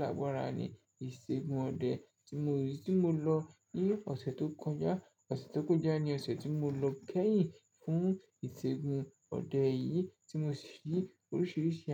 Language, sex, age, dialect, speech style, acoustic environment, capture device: Yoruba, male, 25-34, Nigeria - Lagos Yoruba, relaxed, people talking, mobile / Linux / Chrome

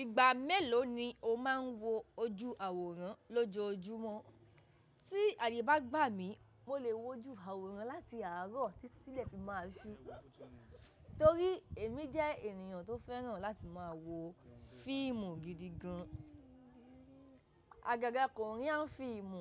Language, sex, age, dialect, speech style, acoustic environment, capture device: Yoruba, female, 18-24, Nigeria - Ibadan, happy, people talking, mobile / macOS / Safari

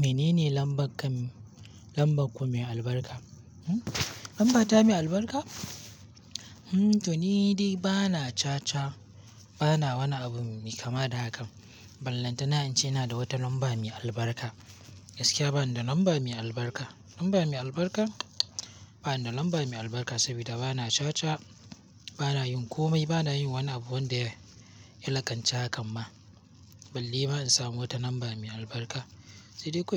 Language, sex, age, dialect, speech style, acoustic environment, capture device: Hausa, male, 18-24, Nigeria - Kano, happy, nature; silence; appliances, mobile / Linux / Chrome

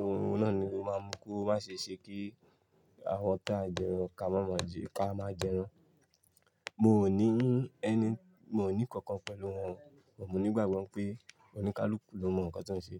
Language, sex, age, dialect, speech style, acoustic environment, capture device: Yoruba, male, 25-34, Nigeria - Lagos Yoruba, relaxed, people talking, mobile / Linux / Chrome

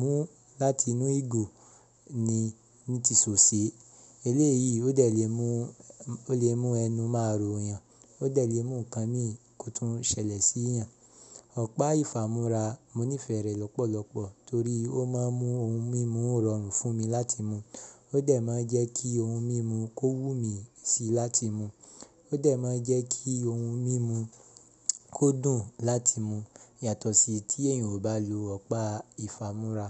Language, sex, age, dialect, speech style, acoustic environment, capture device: Yoruba, male, 25-34, Nigeria - Ibadan, happy; relaxed; excited; focused, silence, mobile / Linux / Chrome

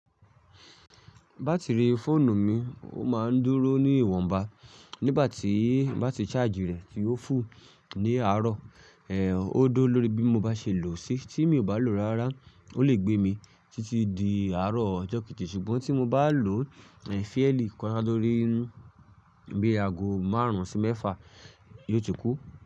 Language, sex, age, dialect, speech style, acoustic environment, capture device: Yoruba, male, 25-34, Nigeria - Ibadan, stressed, silence, mobile / Linux / Chrome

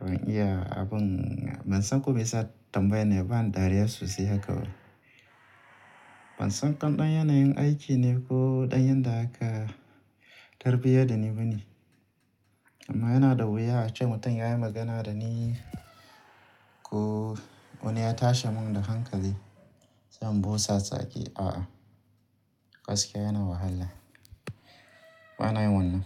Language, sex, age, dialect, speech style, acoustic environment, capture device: Hausa, male, 35-44, Nigeria - Kano, relaxed, appliances; nature; music; people talking, mobile / Linux / Chrome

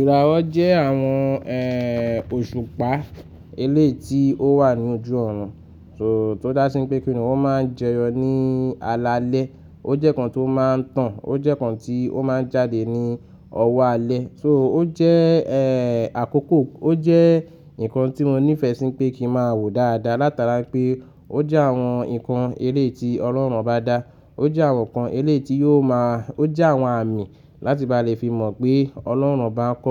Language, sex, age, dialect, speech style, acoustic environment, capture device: Yoruba, male, 25-34, Nigeria - Lagos Yoruba, happy, nature, mobile / Linux / Chrome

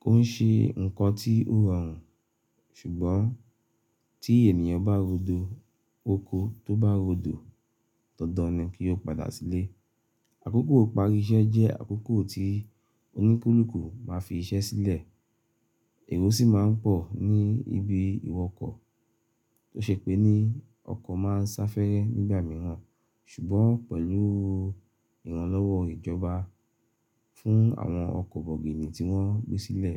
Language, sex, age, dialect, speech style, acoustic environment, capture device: Yoruba, male, 35-44, Nigeria - Lagos Yoruba, happy; relaxed; focused, silence, mobile / Linux / Chrome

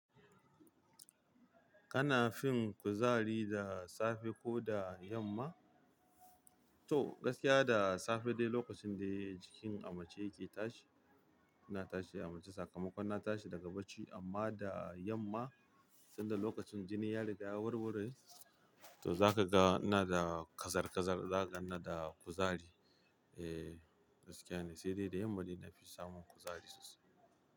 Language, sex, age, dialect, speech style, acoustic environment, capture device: Hausa, male, 25-34, Nigeria - Kano, happy, people talking; music; traffic, mobile / Linux / Chrome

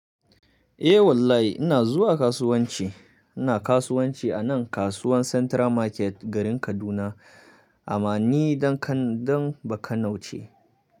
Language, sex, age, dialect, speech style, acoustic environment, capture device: Hausa, male, 25-34, Nigeria - Kano, happy, silence, mobile / Linux / Chrome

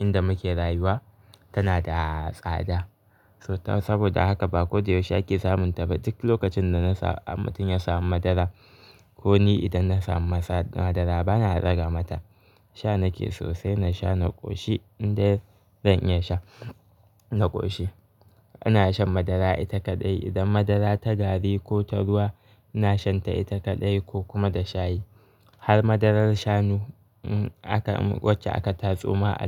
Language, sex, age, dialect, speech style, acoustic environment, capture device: Hausa, male, 18-24, Nigeria - Kano, happy; relaxed; focused, nature; silence, mobile / Linux / Chrome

